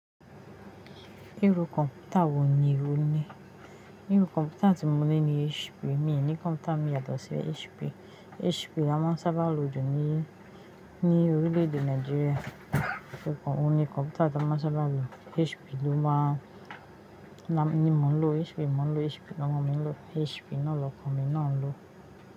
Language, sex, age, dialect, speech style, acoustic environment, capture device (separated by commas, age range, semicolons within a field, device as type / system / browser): Yoruba, female, 25-34, Nigeria - Ijebu, happy, silence, mobile / Linux / Chrome